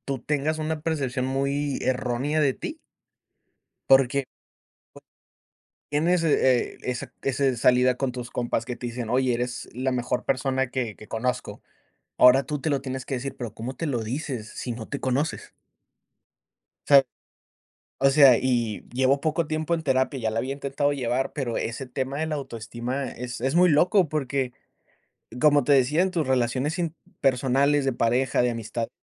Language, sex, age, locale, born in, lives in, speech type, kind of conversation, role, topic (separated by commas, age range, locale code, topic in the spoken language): Spanish, male, 25-29, es-MX, Mexico, United States, conversation, unstructured, none, ¿Qué significa para ti tener una buena autoestima?